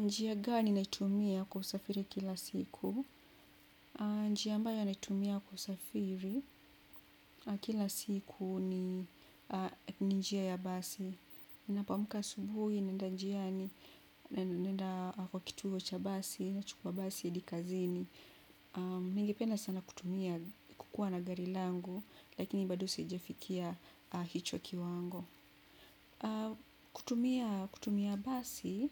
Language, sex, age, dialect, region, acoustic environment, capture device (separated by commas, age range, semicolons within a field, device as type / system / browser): Swahili, female, 25-34, Kenya - Nairobi Sheng-influenced, Kenya, appliances, desktop / Windows / Chrome